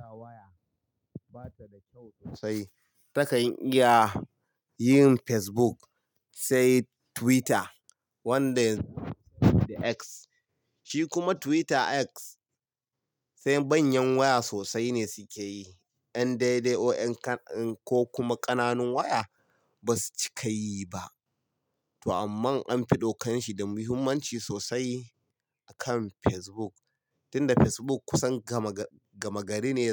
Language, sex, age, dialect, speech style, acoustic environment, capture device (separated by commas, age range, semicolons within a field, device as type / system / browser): Hausa, male, 25-34, Nigeria - Kano, happy; relaxed; focused; excited, silence; nature; traffic; people talking, mobile / Linux / Chrome